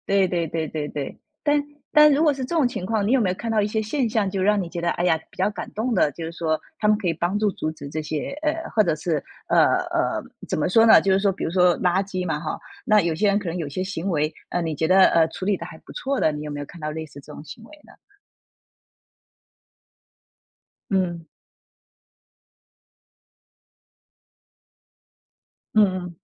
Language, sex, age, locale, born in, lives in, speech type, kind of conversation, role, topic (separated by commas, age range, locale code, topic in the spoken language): Chinese, female, 35-39, zh-TW, China, United States, conversation, podcast, host, 你如何看待“无痕山林”这一原则？